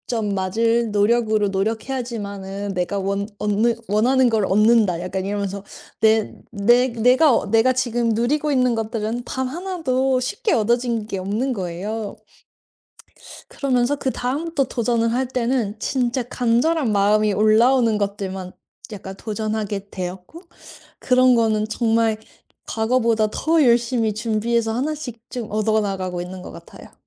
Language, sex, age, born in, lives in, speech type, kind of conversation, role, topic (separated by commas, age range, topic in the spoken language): Korean, female, 35-39, South Korea, United States, conversation, podcast, guest, 시도했다가 실패했을 때는 보통 어떻게 대처하시나요?